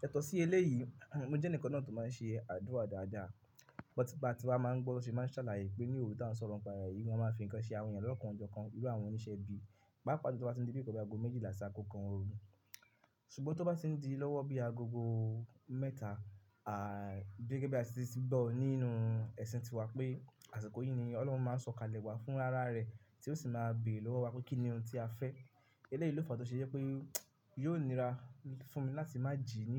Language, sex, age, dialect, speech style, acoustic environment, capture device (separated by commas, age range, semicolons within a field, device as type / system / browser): Yoruba, male, 25-34, Nigeria - Ibadan, focused, silence, mobile / Linux / Chrome